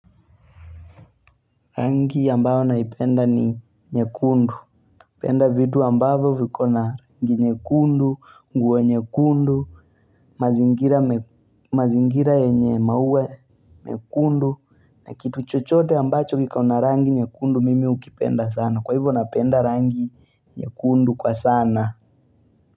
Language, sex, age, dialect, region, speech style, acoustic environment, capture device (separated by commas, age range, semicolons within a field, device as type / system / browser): Swahili, male, 18-24, Kenya - Mombasa, Kenya, focused; relaxed, people talking, mobile / Linux / Chrome